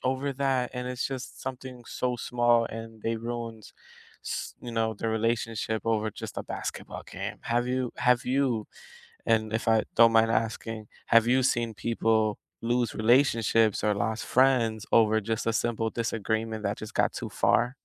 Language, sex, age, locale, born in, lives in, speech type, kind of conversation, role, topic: English, male, 20-24, en-US, United States, United States, conversation, unstructured, none, How do you keep a conversation respectful when you disagree?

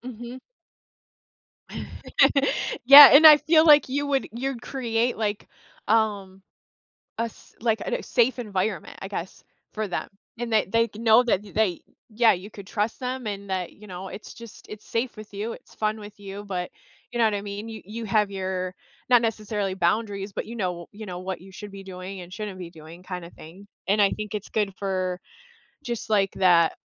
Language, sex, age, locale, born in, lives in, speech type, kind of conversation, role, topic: English, female, 35-39, en-US, United States, United States, conversation, unstructured, none, How can being playful improve our happiness and relationships?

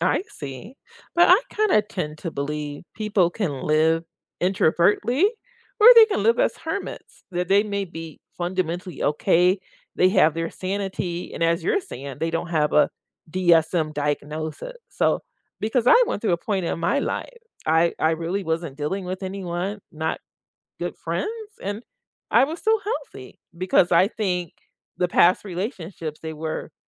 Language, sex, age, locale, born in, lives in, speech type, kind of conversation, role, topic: English, female, 55-59, en-US, United States, United States, conversation, unstructured, none, Have you ever felt happier after resolving a conflict?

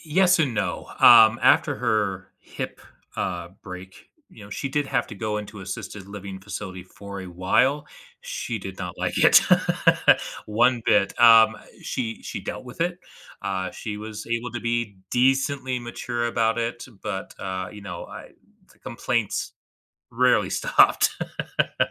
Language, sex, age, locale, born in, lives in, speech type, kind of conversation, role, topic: English, male, 35-39, en-US, United States, United States, conversation, advice, user, How can I start a sensitive conversation with a friend or family member without making them defensive?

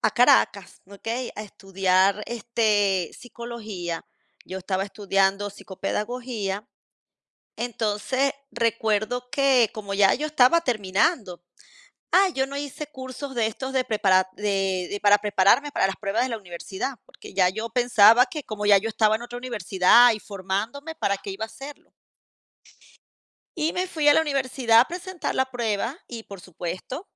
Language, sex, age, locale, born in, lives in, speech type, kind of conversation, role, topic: Spanish, female, 50-54, es-VE, Venezuela, Portugal, conversation, unstructured, none, ¿Qué te enseña el fracaso?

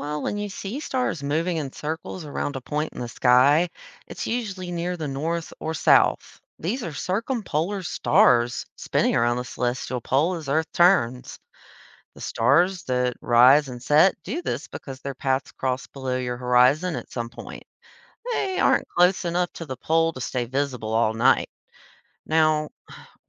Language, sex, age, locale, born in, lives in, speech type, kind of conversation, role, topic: English, female, 30-34, en-US, United States, United States, read, expert-assistant, expert, Why do we see different constellations in different seasons?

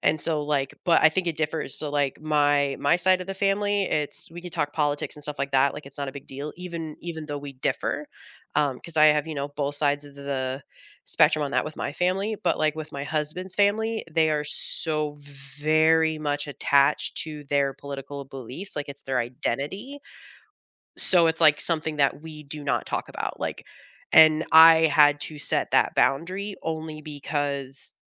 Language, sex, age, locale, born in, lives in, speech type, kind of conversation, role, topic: English, female, 35-39, en-US, United States, United States, conversation, unstructured, none, How do you handle disagreements with family members?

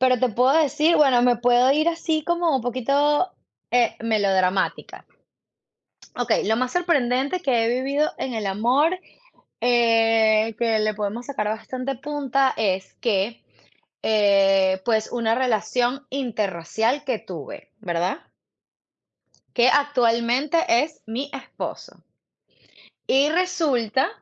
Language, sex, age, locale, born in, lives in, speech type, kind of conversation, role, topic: Spanish, female, 35-39, es-VE, Venezuela, United States, conversation, unstructured, none, ¿Qué ha sido lo más sorprendente que has vivido en el amor?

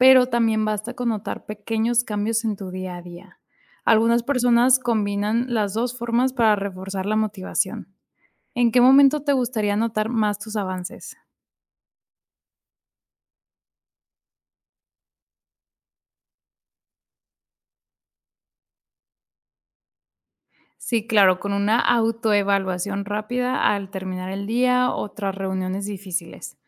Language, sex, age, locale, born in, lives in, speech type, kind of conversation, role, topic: Spanish, female, 25-29, es-MX, Mexico, Mexico, read, expert-assistant, expert, ¿Cuál es la mejor manera de aprender a manejar el estrés en la vida diaria?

